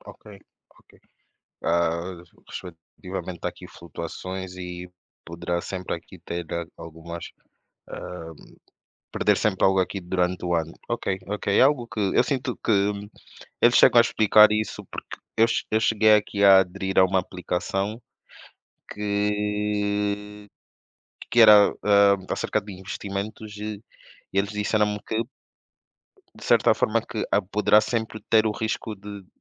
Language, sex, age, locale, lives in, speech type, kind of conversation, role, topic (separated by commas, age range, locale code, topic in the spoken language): Portuguese, male, 25-29, pt-PT, Portugal, conversation, unstructured, none, Você acha importante ter uma reserva de emergência?